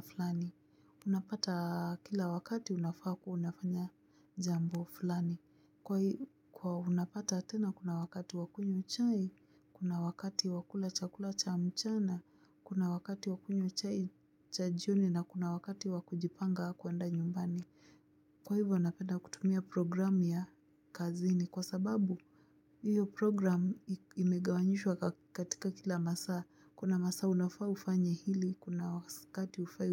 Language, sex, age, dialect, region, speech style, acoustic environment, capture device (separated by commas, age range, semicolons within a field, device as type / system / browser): Swahili, female, 25-34, Kenya - Nairobi Sheng-influenced, Kenya, happy, nature, mobile / Linux / Chrome